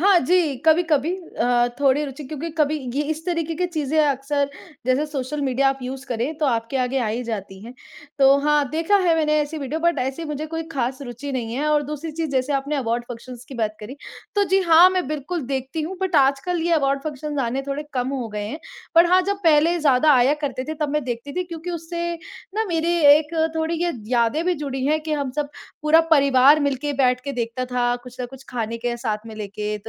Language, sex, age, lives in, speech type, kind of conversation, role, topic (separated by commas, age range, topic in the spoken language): Hindi, female, 25-29, India, conversation, unstructured, none, क्या आपको लगता है कि फ़िल्म पुरस्कारों में पक्षपात होता है?